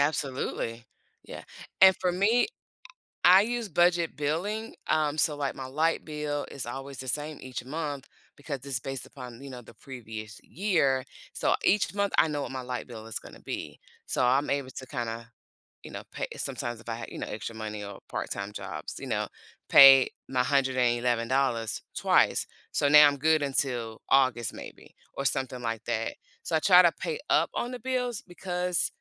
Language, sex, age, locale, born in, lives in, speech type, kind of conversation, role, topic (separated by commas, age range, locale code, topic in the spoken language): English, female, 45-49, en-US, United States, United States, conversation, podcast, guest, How can you build a strong financial safety net for unexpected situations?